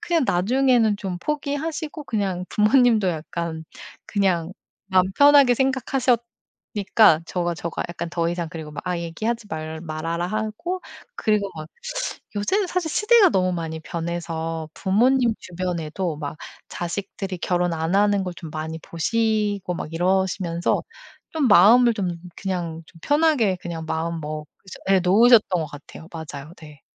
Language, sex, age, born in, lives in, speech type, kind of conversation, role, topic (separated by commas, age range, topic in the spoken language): Korean, female, 40-44, South Korea, United States, conversation, podcast, guest, 결혼이나 출산에 대한 압박을 느껴본 적이 있나요?